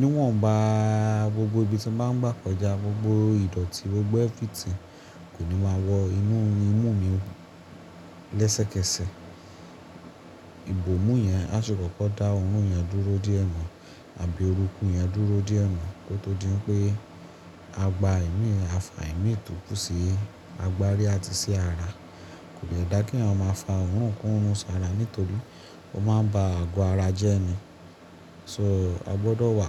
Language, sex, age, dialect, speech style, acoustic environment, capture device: Yoruba, male, 25-34, Nigeria - Lagos Yoruba, focused, nature, mobile / Linux / Chrome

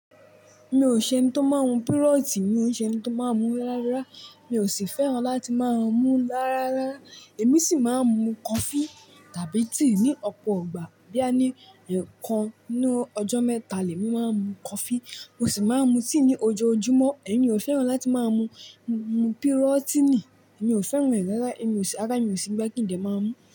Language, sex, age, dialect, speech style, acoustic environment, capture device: Yoruba, male, 18-24, Nigeria - Lagos Yoruba, relaxed; focused, nature; silence, mobile / Linux / Chrome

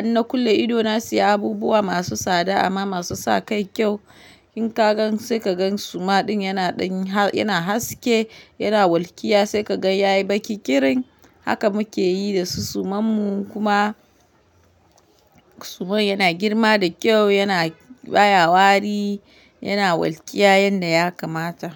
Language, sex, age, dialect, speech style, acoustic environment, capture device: Hausa, female, 25-34, Nigeria - Kano, happy; relaxed; focused, silence, mobile / Linux / Chrome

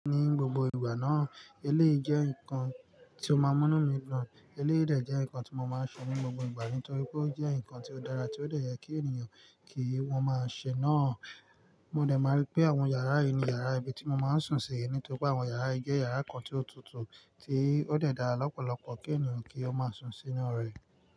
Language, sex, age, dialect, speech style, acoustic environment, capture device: Yoruba, male, 25-34, Nigeria - Nigerian Standard, relaxed, nature, mobile / Linux / Chrome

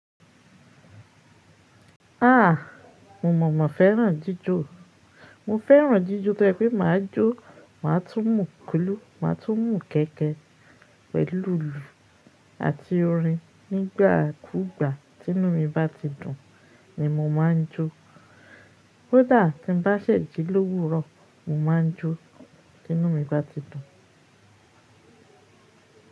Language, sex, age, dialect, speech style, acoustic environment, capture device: Yoruba, female, 35-44, Nigeria - Lagos Yoruba, happy, silence, mobile / Linux / Chrome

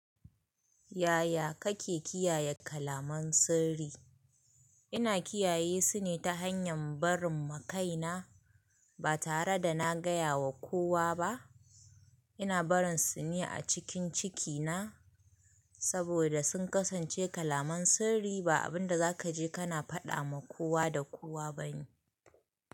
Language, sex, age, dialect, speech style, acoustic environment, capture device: Hausa, female, 25-34, Nigeria - Kano, happy; excited, people talking; nature, mobile / Linux / Chrome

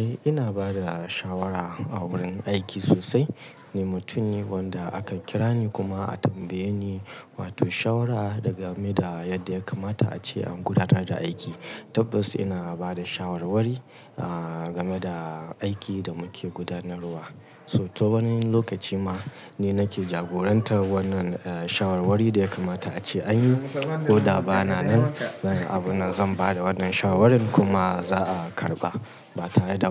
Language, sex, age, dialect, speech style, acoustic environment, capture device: Hausa, male, 35-44, Nigeria - Kano, happy; anxious; tired; relaxed; nervous; excited; focused; stressed, people talking; music; nature; silence; traffic; construction; appliances, mobile / Linux / Chrome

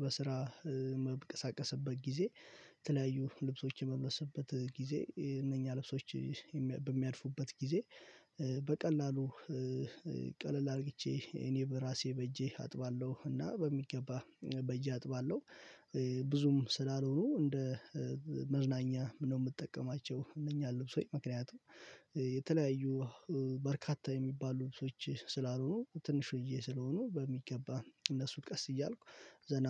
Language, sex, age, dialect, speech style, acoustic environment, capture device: Amharic, male, 18-24, Ethiopia - Addis Ababa, focused, nature, mobile / Linux / Chrome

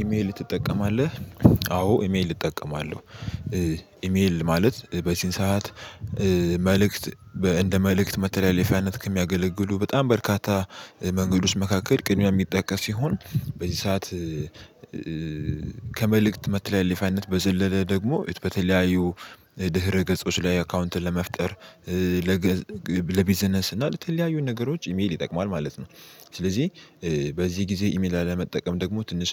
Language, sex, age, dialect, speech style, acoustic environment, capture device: Amharic, male, 18-24, Ethiopia - Addis Ababa, happy; excited; focused; relaxed, silence, mobile / Linux / Chrome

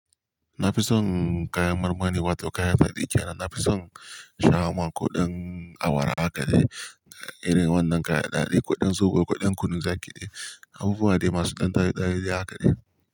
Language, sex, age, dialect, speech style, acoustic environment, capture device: Hausa, male, 18-24, Nigeria - Kano, happy; relaxed; focused, nature; silence, mobile / Linux / Chrome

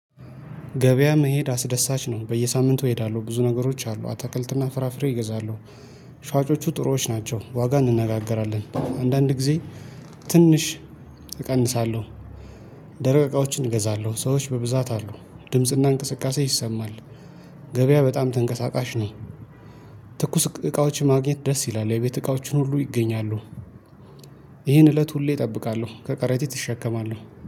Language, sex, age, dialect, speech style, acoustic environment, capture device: Amharic, male, 25-34, Ethiopia - Addis Ababa, happy, nature, mobile / Linux / Chrome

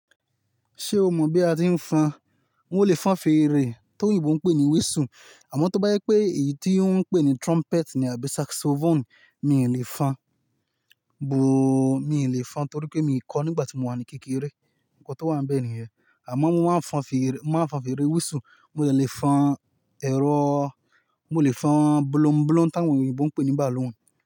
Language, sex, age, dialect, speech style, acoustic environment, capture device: Yoruba, male, 25-34, Nigeria - Ibadan, happy; excited; relaxed, nature, mobile / Linux / Chrome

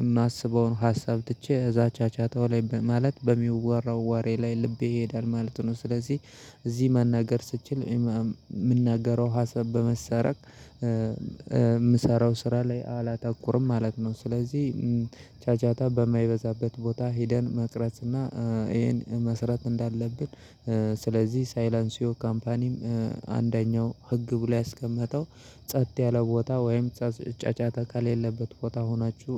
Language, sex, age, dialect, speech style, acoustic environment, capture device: Amharic, male, 25-34, Ethiopia - Gojjam, happy, silence, mobile / Linux / Chrome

